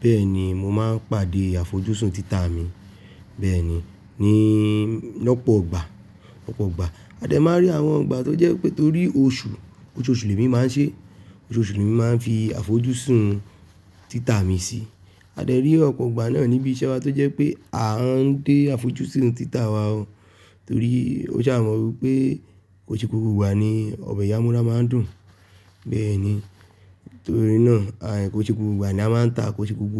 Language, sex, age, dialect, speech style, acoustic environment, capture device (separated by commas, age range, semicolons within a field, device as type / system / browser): Yoruba, male, 25-34, Nigeria - Nigerian Standard, relaxed, nature, desktop / Linux / Chrome